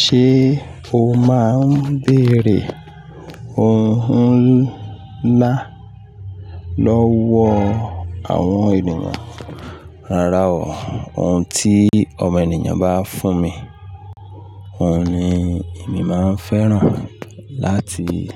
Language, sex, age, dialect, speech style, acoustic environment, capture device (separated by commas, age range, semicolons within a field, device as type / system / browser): Yoruba, male, 35-44, Nigeria - Ibadan, anxious, music, mobile / Linux / Chrome